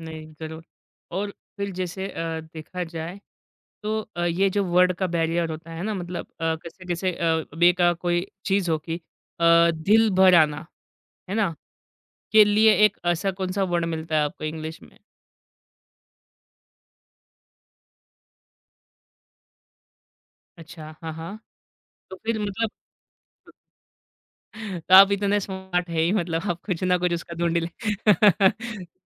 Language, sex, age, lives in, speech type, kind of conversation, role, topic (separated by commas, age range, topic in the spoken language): Hindi, male, 25-29, India, conversation, podcast, host, Kaun se aise shabd hain jo tum English mein theek se translate nahi kar paate?